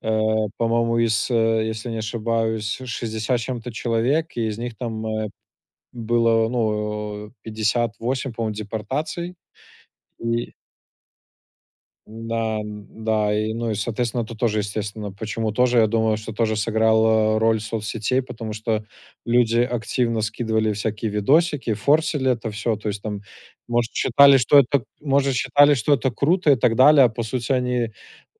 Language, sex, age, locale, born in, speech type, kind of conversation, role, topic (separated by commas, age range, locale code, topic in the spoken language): Russian, male, 25-29, ru-RU, Belarus, conversation, unstructured, none, Какие плюсы и минусы есть у социальных сетей?